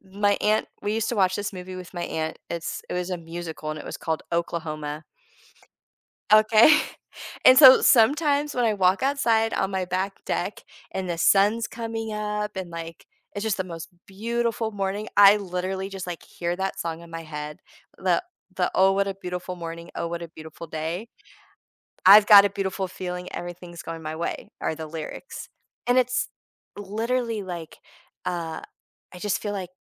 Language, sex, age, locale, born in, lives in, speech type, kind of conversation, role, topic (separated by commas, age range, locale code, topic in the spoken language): English, female, 40-44, en-US, United States, United States, conversation, podcast, guest, How do your morning routines shape the rest of your day?